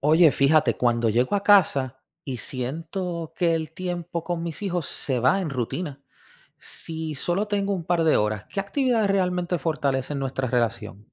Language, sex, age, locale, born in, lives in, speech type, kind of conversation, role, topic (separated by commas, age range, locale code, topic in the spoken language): Spanish, male, 40-44, es-US, Puerto Rico, United States, read, expert-assistant, asker, Cuando el tiempo es limitado, ¿qué actividades familiares deberían priorizarse para fortalecer el vínculo con los hijos?